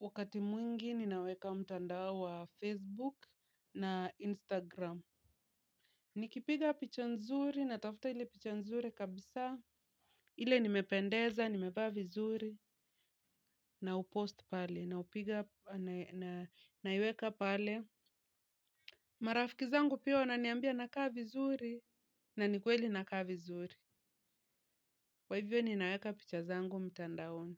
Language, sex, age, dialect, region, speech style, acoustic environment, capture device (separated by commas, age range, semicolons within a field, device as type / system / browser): Swahili, female, 25-34, Kenya - Nairobi Sheng-influenced, Kenya, relaxed, nature, mobile / Linux / Chrome